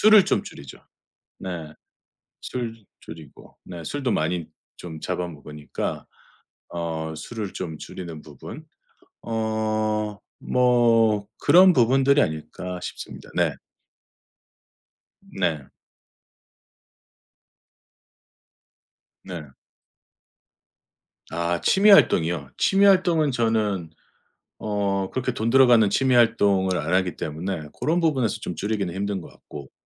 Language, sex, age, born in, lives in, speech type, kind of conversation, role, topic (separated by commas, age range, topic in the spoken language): Korean, male, 45-49, South Korea, United States, conversation, podcast, guest, 수입이나 경제적인 걱정을 어떻게 해결하셨나요?